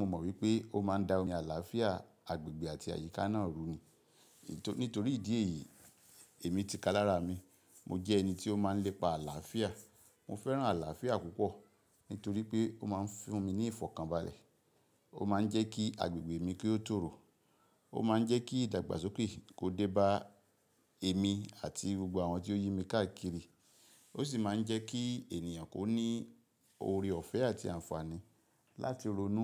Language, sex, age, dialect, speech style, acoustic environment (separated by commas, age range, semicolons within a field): Yoruba, male, 45-54, Nigeria - Ibadan, stressed, silence